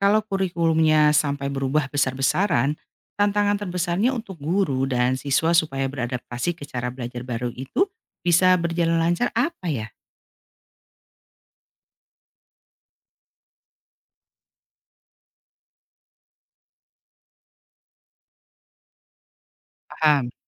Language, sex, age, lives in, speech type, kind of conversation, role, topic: Indonesian, female, 45-49, Indonesia, read, expert-assistant, asker, Menurut Anda, jika ternyata ada makhluk hidup di planet lain, apa pengaruhnya terhadap cara kita memandang siapa diri kita sebagai manusia?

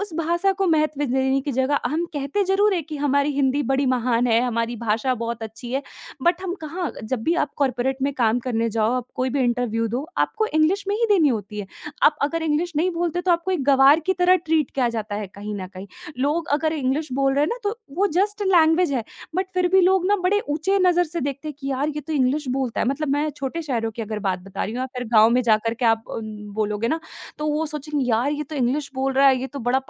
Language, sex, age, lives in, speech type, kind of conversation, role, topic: Hindi, female, 20-24, India, conversation, podcast, guest, क्या कभी आपने अपने स्टाइल से समझौता किया है?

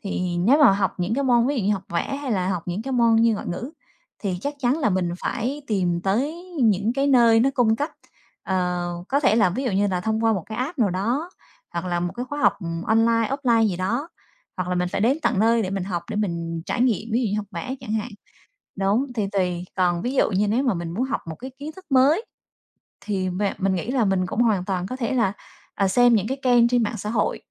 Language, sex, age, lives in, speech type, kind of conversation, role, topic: Vietnamese, female, 35-39, Vietnam, conversation, podcast, guest, Bạn bắt đầu hành trình học tập suốt đời như thế nào?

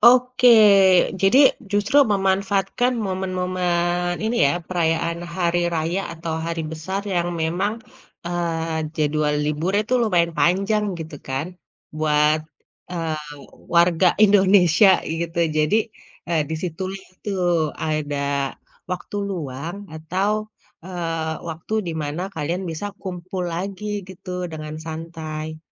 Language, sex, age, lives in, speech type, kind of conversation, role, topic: Indonesian, female, 35-39, Indonesia, conversation, podcast, host, Bagaimana caramu menjaga hubungan pertemanan agar tetap awet?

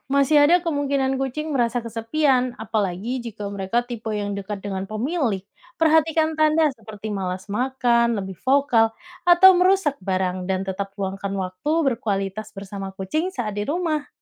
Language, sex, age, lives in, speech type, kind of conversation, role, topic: Indonesian, female, 30-34, Indonesia, read, expert-assistant, expert, Bagaimana cara memilih ras anjing atau kucing yang cocok dengan keterbatasan waktu dan gaya hidup sibuk di kota besar?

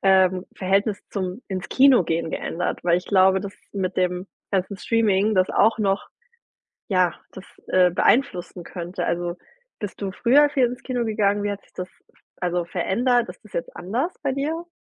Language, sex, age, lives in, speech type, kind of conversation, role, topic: German, female, 30-34, Germany, conversation, podcast, host, Wie hat Streaming dein Filmverhalten ganz ehrlich verändert?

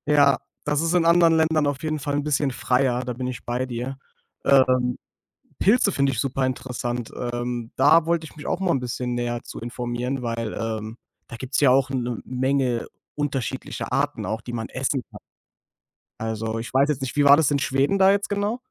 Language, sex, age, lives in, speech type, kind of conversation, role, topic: German, male, 25-29, Germany, conversation, podcast, host, Was bedeutet es für dich, regional und saisonal zu kochen?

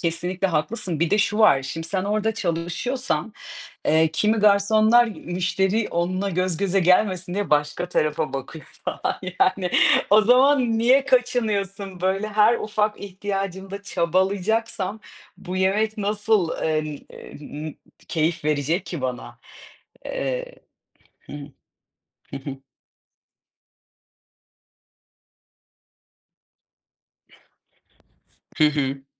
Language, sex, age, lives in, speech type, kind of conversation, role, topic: Turkish, female, 30-34, Portugal, conversation, unstructured, none, Dışarıdan yemek siparişi verirken hangi sorunlarla karşılaştın?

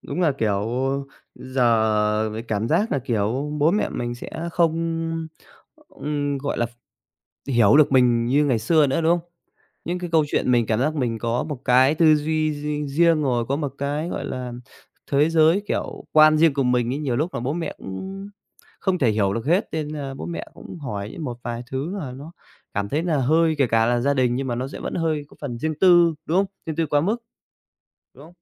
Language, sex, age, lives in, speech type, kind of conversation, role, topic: Vietnamese, male, 25-29, Vietnam, conversation, unstructured, none, Bạn nghĩ sao khi người thân luôn can thiệp vào chuyện riêng tư của bạn?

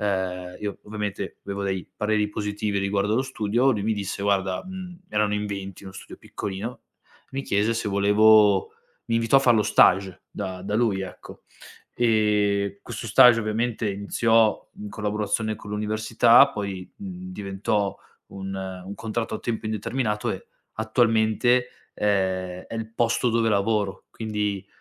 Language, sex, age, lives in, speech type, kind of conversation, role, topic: Italian, male, 25-29, Italy, conversation, podcast, guest, Hai mai accettato un invito che ti ha cambiato la vita?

